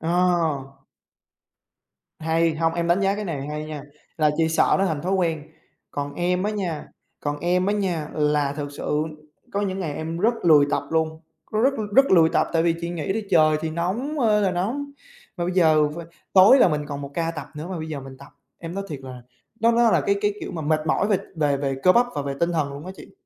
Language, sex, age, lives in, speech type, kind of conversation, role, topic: Vietnamese, male, 20-24, Vietnam, conversation, unstructured, none, Bạn có thói quen tập thể dục không, và vì sao?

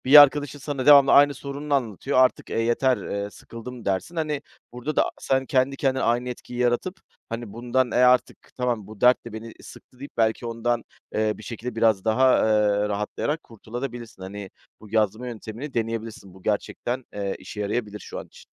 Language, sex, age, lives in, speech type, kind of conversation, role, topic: Turkish, male, 40-44, Greece, conversation, advice, advisor, Sürekli olumsuz düşünceleri neden durmadan kurcalıyorum?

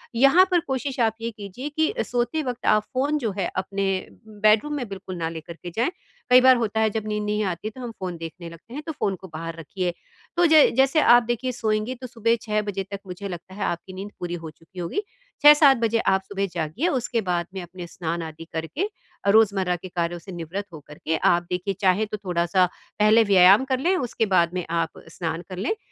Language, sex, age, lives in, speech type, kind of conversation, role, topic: Hindi, female, 50-54, India, conversation, advice, advisor, मैं रोज़मर्रा के फैसलों को कैसे सरल और आसान रखूँ?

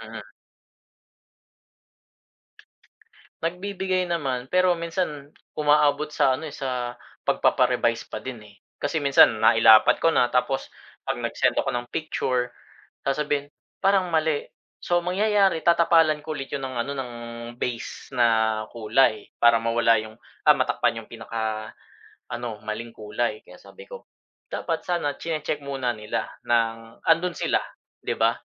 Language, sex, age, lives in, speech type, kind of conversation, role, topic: Filipino, male, 30-34, Philippines, conversation, advice, user, Bakit hindi ko natatapos ang trabaho bago ang itinakdang huling araw kahit nagmamadali ako?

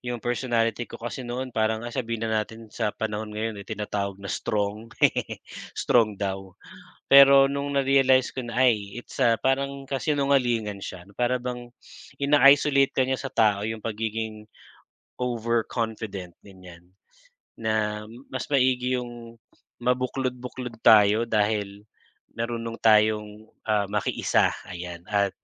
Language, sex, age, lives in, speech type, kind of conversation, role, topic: Filipino, male, 40-44, Philippines, conversation, unstructured, none, Ano ang pinakamahalagang aral na natutunan mo sa buhay?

